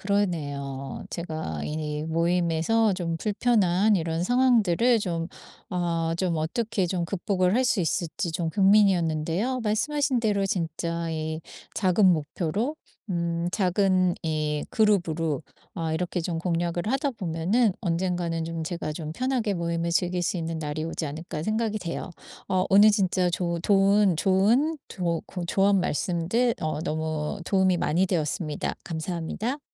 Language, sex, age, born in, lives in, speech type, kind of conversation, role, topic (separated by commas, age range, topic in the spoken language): Korean, female, 50-54, South Korea, United States, conversation, advice, user, 모임에 가도 불편해서 잘 즐기지 못할 때는 어떻게 하면 좋을까요?